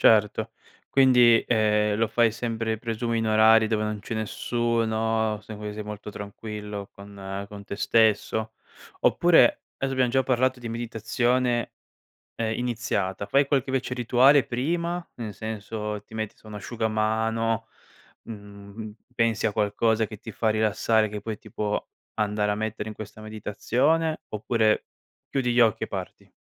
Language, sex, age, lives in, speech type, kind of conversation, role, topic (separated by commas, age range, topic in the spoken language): Italian, male, 25-29, Italy, conversation, podcast, host, Preferisci il mare, il lago o il bosco per meditare?